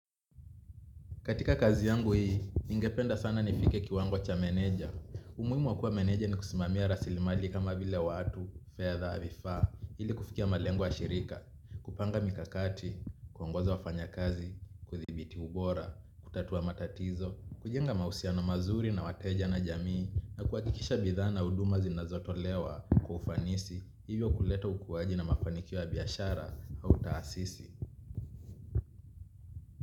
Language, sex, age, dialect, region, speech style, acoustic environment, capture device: Swahili, male, 25-34, Kenya - Mombasa, Kenya, relaxed; focused, people talking; nature, mobile / Linux / Chrome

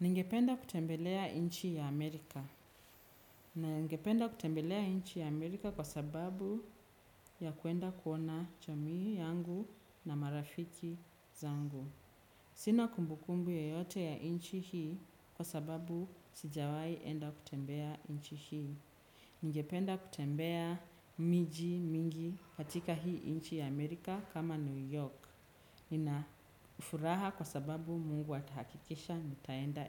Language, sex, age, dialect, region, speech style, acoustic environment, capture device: Swahili, female, 25-34, Kenya - Nairobi Sheng-influenced, Kenya, relaxed, silence, desktop / Windows / Chrome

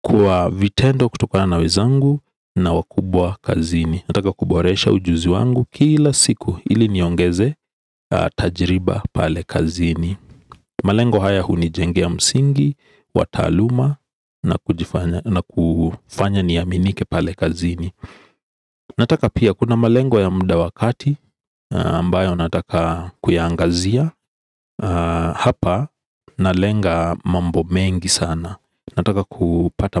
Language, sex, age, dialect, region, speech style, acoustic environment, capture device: Swahili, male, 35-44, Kenya - Nairobi Sheng-influenced, Kenya, relaxed, silence; appliances; people talking, desktop / Windows / Edge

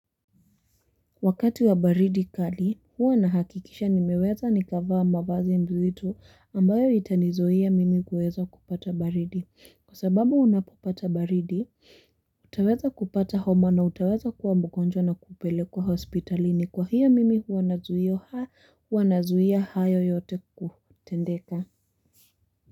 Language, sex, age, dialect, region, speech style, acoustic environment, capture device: Swahili, female, 18-24, Kenya - Nairobi Sheng-influenced, Kenya, happy; focused, people talking; construction; nature, mobile / Linux / Chrome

